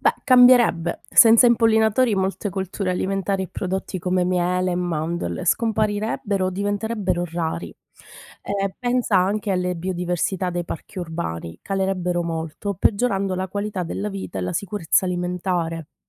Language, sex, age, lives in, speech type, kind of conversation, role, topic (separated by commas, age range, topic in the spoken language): Italian, female, 30-34, Italy, read, expert-assistant, expert, Come sarebbe il mondo se tutti gli insetti impollinatori scomparissero improvvisamente?